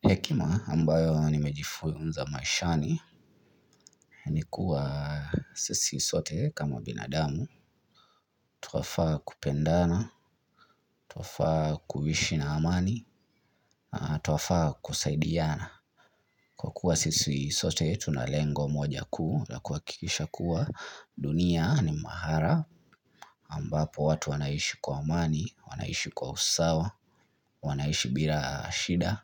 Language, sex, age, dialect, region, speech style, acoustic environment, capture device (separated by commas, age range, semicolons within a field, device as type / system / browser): Swahili, male, 25-34, Kenya - Nairobi Sheng-influenced, Kenya, relaxed, silence, mobile / Linux / Chrome